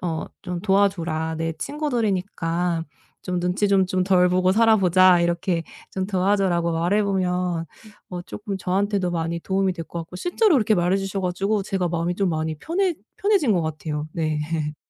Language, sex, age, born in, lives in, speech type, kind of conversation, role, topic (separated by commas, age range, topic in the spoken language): Korean, female, 35-39, South Korea, Germany, conversation, advice, user, 타인의 시선과 나만의 스타일 사이에서 어떻게 균형을 맞출 수 있을까요?